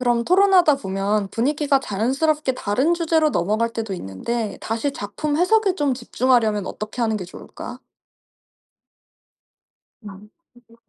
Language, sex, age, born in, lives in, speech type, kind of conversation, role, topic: Korean, female, 25-29, South Korea, France, read, expert-assistant, asker, 요즘 현대 문학을 제대로 이해하려면 어떤 방식으로 책을 골라 읽는 게 좋을까요?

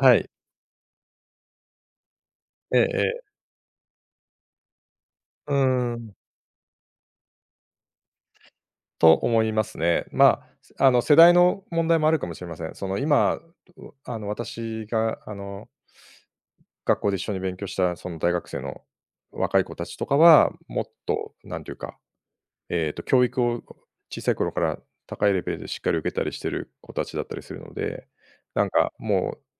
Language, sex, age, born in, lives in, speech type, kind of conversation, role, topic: Japanese, male, 50-54, Japan, Japan, conversation, podcast, guest, 学ぶことで、あなたの人生はどのように変わりましたか？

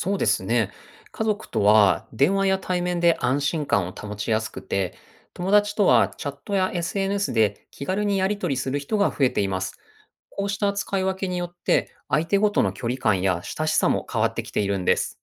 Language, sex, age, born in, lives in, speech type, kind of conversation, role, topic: Japanese, male, 40-44, Japan, Japan, read, expert-assistant, expert, SNSやインターネットが広がる中で、人と人との交流はどんなふうに変わっていくと思いますか？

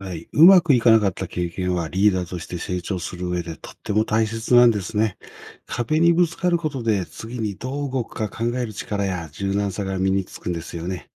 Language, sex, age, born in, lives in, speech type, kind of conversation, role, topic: Japanese, male, 55-59, Japan, Japan, read, expert-assistant, expert, リーダーとして成長するには、どんな経験が一番勉強になると思いますか？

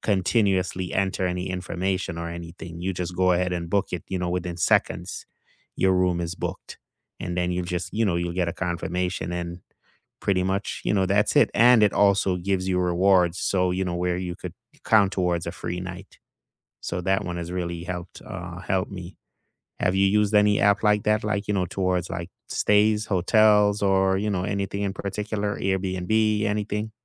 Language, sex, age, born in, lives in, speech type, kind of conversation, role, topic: English, male, 35-39, Jamaica, United States, conversation, unstructured, none, Which travel or map apps have genuinely helped you get around town, and how did they help?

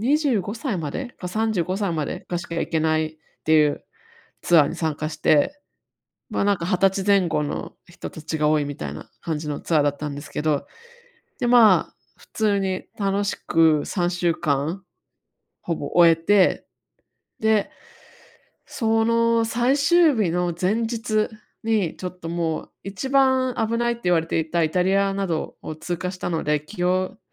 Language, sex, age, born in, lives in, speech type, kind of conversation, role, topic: Japanese, female, 35-39, Japan, United States, conversation, advice, user, 旅行中にトラブルが起きたとき、どう対処して柔軟に行動すればよいですか？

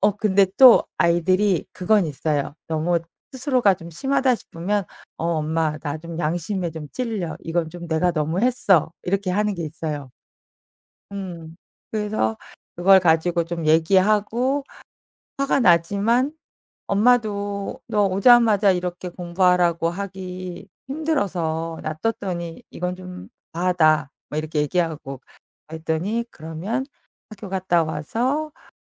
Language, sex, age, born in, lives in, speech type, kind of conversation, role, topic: Korean, female, 45-49, South Korea, France, conversation, podcast, guest, 아이의 스마트폰 사용에 부모는 어떻게 접근하는 것이 좋을까요?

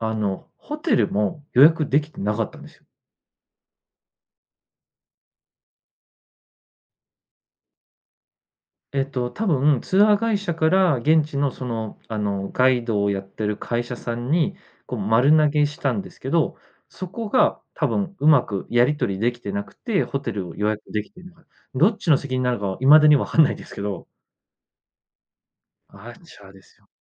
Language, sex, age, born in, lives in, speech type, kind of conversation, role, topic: Japanese, male, 30-34, Japan, Japan, conversation, podcast, guest, 旅先で出会った人の中で、いちばん印象に残っているのは誰ですか？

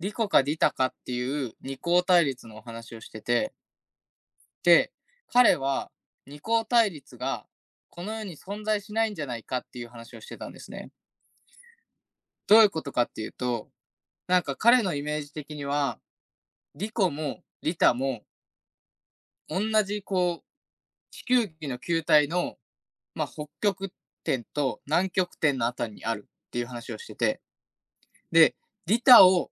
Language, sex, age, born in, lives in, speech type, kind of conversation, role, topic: Japanese, male, 20-24, Japan, Japan, conversation, unstructured, none, 正義とは、あなたにとって何ですか？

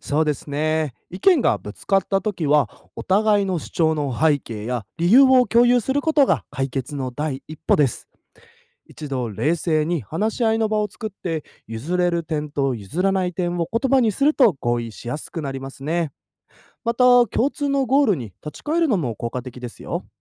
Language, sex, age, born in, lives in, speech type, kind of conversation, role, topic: Japanese, male, 20-24, Japan, Japan, read, expert-assistant, expert, 異なる価値観を持つ国々が一緒にプロジェクトを進める場合、どんな工夫やアイディアが役立つと思いますか？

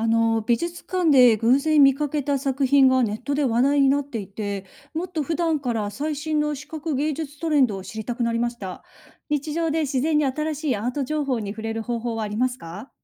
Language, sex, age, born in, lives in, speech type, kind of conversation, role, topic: Japanese, female, 40-44, Japan, United States, read, expert-assistant, asker, 視覚芸術の新しい動向や流行を知るために、日常でチェックできるおすすめの場所やサイトはありますか？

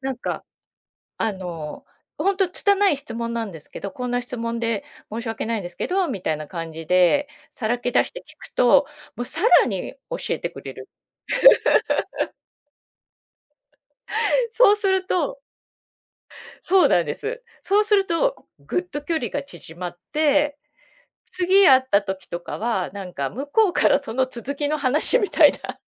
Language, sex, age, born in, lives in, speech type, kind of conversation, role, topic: Japanese, female, 50-54, Japan, Japan, conversation, podcast, guest, 初対面の人と自然に打ち解けるには、どんなコツがありますか？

